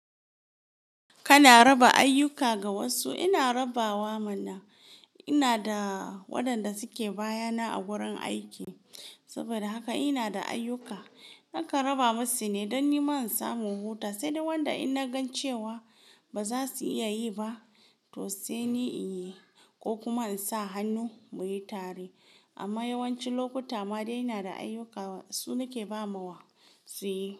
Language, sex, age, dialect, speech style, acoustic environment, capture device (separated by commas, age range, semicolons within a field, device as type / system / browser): Hausa, female, 18-24, Nigeria - Kano, happy; focused, silence, mobile / Linux / Chrome